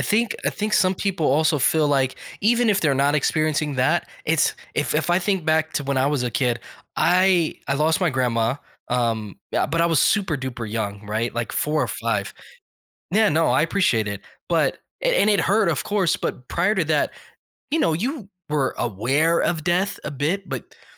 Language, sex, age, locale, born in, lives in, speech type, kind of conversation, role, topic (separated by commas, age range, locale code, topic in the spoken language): English, male, 35-39, en-US, United States, United States, conversation, podcast, host, Why is it important to have open conversations about death and grief?